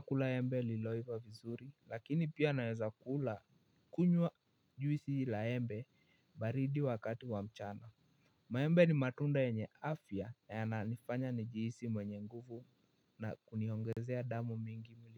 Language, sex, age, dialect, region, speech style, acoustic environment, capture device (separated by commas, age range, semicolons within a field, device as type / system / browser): Swahili, male, 18-24, Kenya - Nairobi Sheng-influenced, Kenya, happy, silence, mobile / Linux / Chrome